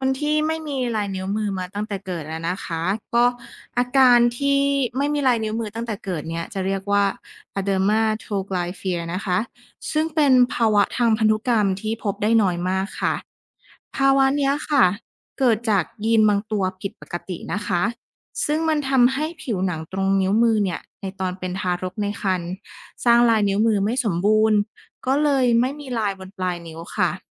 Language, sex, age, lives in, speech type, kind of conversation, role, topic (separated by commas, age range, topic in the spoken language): Thai, female, 30-34, Thailand, read, expert-assistant, expert, เหตุใดมนุษย์จึงมีลายนิ้วมือ